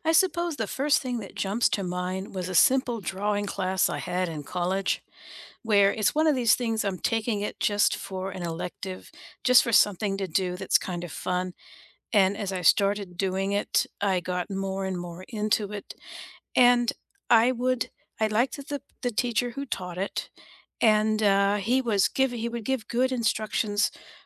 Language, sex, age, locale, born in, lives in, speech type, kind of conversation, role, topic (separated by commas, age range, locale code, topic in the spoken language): English, female, 60-64, en-US, United States, United States, conversation, unstructured, none, Which class was your favorite, and what people, moments, or lessons made it unforgettable for you?